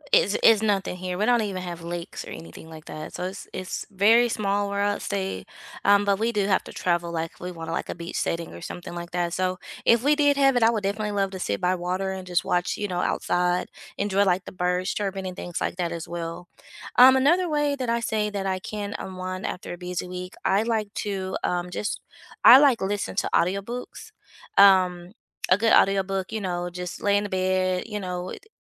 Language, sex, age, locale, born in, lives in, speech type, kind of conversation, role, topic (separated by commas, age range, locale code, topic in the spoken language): English, female, 35-39, en-US, United States, United States, conversation, unstructured, none, What are your favorite ways to unwind after a busy week?